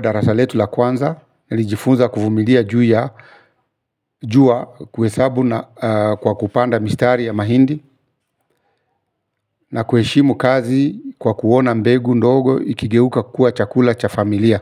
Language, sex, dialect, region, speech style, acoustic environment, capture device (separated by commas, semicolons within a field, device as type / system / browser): Swahili, male, Kenya - Nairobi Sheng-influenced, Kenya, focused, silence, mobile / Linux / Chrome